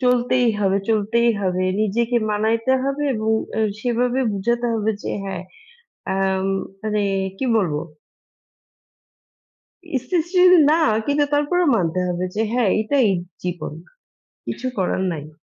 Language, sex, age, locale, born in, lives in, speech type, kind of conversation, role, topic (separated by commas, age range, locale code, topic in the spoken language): Bengali, female, 35-39, bn-BD, Bangladesh, Bangladesh, conversation, unstructured, none, আপনি কি এমন জীবন বেছে নেবেন যেখানে সবসময় ভ্রমণ করবেন কিন্তু স্থায়ী ঠিকানা কম থাকবে, নাকি এমন জীবন যেখানে স্থায়ী ঠিকানা থাকবে কিন্তু ভ্রমণ কম করবেন?